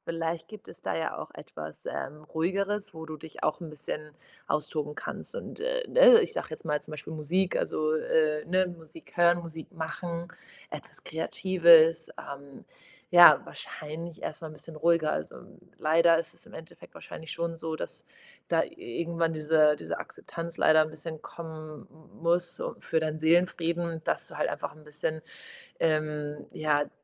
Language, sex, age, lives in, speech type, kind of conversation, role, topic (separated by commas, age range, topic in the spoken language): German, female, 25-29, Portugal, conversation, advice, advisor, Wie finde ich heraus, was mich wirklich begeistert?